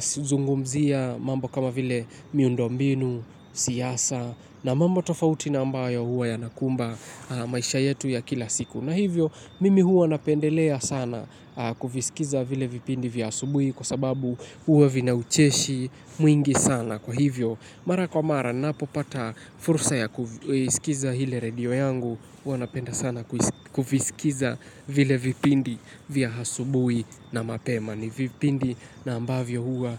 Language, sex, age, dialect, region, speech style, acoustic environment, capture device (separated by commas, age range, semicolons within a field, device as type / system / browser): Swahili, male, 18-24, Kenya - Mombasa, Kenya, happy, nature, mobile / Linux / Chrome